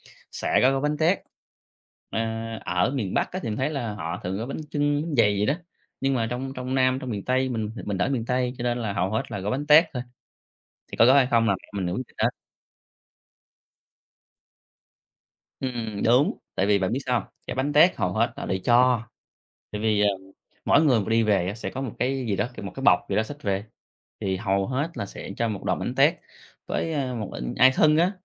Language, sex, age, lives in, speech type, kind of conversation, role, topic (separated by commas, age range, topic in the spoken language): Vietnamese, male, 30-34, Vietnam, conversation, podcast, guest, Bạn có thể kể về một phong tục quan trọng của gia đình bạn không?